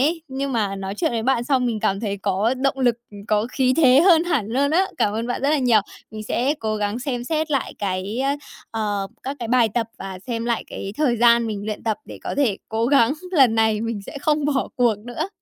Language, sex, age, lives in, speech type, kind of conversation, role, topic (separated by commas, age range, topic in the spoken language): Vietnamese, female, 20-24, Japan, conversation, advice, user, Vì sao bạn thường bỏ dở thói quen tập thể dục sau vài tuần và làm thế nào để duy trì lâu dài?